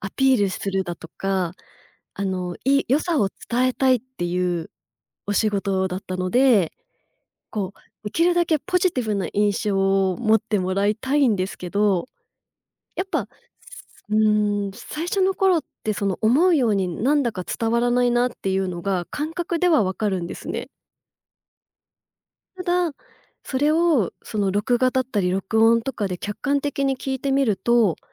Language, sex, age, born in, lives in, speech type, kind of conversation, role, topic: Japanese, female, 35-39, Japan, Japan, conversation, podcast, guest, 自分の声やスタイルはどうやって見つけましたか？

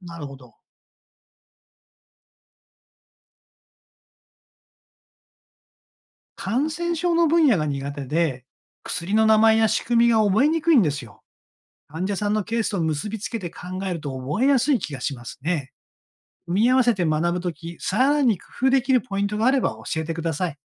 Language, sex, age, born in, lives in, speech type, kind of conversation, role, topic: Japanese, male, 60-64, Japan, Japan, read, expert-assistant, asker, 看護師として毎日の仕事をこなしながら、新しい知識やスキルを無理なく身につけるコツはありますか？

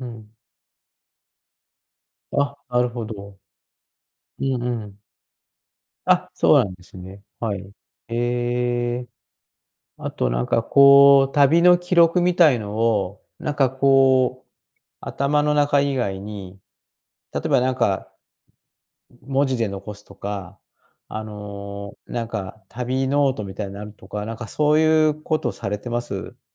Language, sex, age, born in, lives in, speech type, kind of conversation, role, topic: Japanese, male, 60-64, Japan, Japan, conversation, podcast, host, ねえ、最近ハマってる趣味って何？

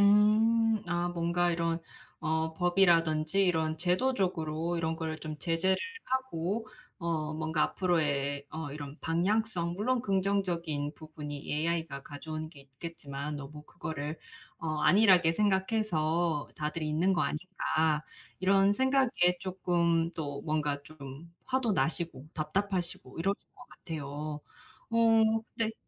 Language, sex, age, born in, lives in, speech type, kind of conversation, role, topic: Korean, female, 30-34, South Korea, United States, conversation, advice, advisor, 사회적 변화로 생활 방식이 바뀌었을 때 어떻게 적응하면 좋을까요?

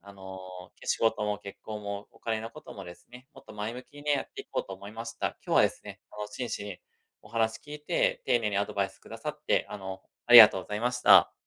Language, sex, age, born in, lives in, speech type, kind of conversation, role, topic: Japanese, male, 35-39, Japan, Japan, conversation, advice, user, 友人と比べて将来が不安になり焦ってしまうとき、どう対処すればいいですか？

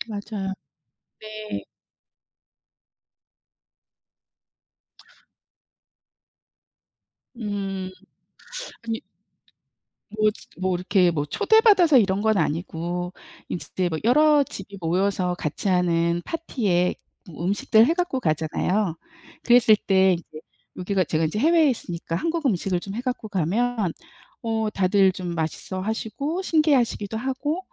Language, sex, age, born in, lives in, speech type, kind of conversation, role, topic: Korean, female, 55-59, South Korea, United States, conversation, unstructured, none, 취미를 하면서 예상치 못한 선물을 받은 적이 있나요?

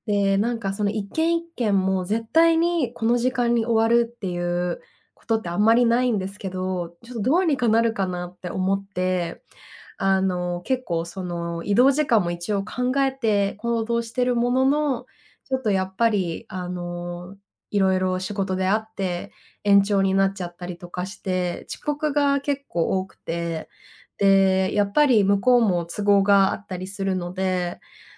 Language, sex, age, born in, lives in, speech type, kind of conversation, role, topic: Japanese, female, 30-34, Japan, Japan, conversation, advice, user, 予定を詰めすぎてキャンセルや遅刻が増えている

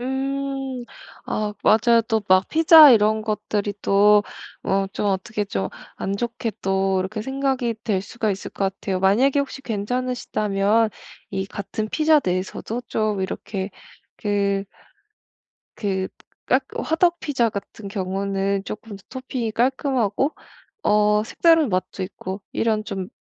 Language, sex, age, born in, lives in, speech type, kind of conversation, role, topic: Korean, female, 25-29, South Korea, Germany, conversation, advice, advisor, 주말에도 평일처럼 규칙적으로 식사하는 실용적인 방법이 있을까요?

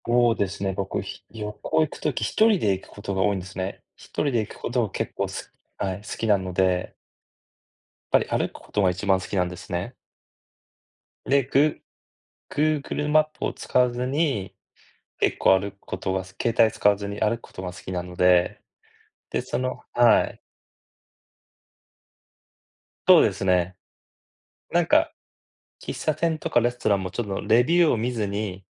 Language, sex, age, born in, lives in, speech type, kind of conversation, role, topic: Japanese, male, 40-44, Japan, United States, conversation, unstructured, none, 旅行に行くとき、何を一番楽しみにしていますか？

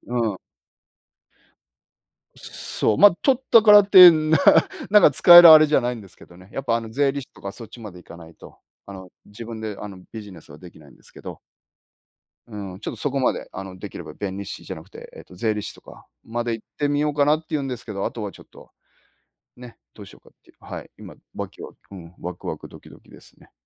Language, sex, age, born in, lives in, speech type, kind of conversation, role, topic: Japanese, male, 50-54, Japan, Japan, conversation, unstructured, none, 新しいことに挑戦するとき、どんな気持ちになりますか？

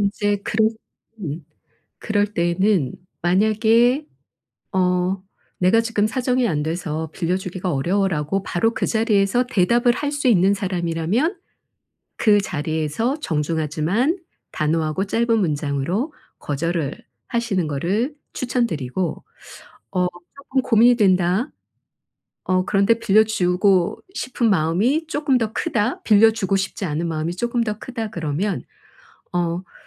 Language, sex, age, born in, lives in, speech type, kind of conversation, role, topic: Korean, female, 55-59, South Korea, South Korea, conversation, advice, advisor, 부채나 대출 요청을 정중하게 거절하려면 어떻게 말하는 것이 좋을까요?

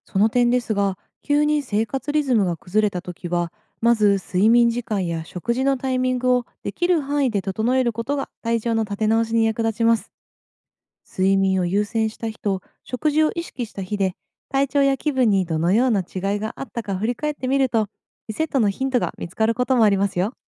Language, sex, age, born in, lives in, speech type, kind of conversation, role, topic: Japanese, female, 30-34, Japan, Japan, read, expert-assistant, expert, 忙しい時でも健康を保つ生活習慣とは何ですか？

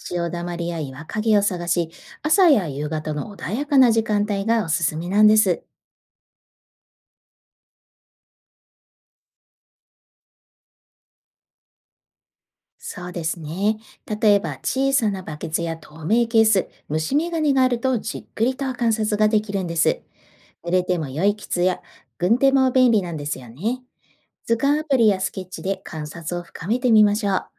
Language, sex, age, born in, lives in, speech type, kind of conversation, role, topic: Japanese, female, 45-49, Japan, Japan, read, expert-assistant, expert, 海の生き物や現象について知りたい時、専門家でなくても楽しめる調べ方にはどんなものがありますか？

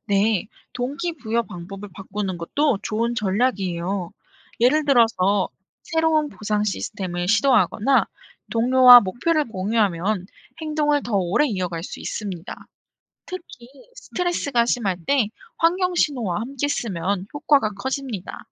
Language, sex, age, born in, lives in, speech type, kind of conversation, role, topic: Korean, female, 25-29, South Korea, South Korea, read, expert-assistant, expert, 목표를 세웠는데도 꾸준히 실천하지 못하는 문제, 어디서부터 점검해야 할까요?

